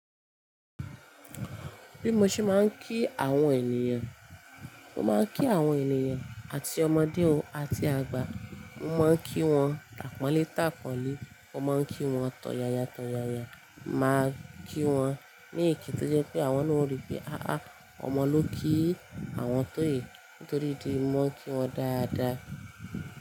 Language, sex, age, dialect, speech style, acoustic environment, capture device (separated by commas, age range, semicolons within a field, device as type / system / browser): Yoruba, female, 25-34, Nigeria - Ibadan, happy, appliances, mobile / Linux / Chrome